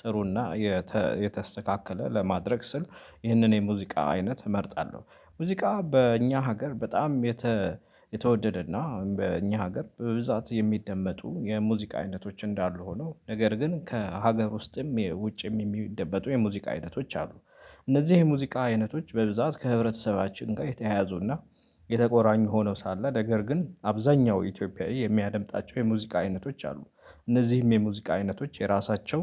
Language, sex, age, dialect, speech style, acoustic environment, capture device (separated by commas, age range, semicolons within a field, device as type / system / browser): Amharic, male, 25-34, Ethiopia - Addis Ababa, focused, nature, mobile / Linux / Chrome